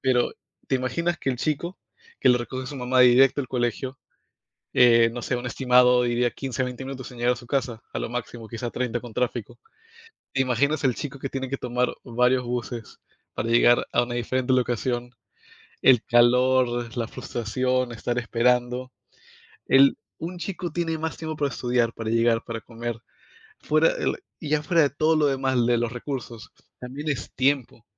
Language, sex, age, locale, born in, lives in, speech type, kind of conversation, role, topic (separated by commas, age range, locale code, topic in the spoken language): Spanish, male, 25-29, es-PE, United States, United States, conversation, unstructured, none, ¿Cómo afecta la desigualdad económica a la vida diaria?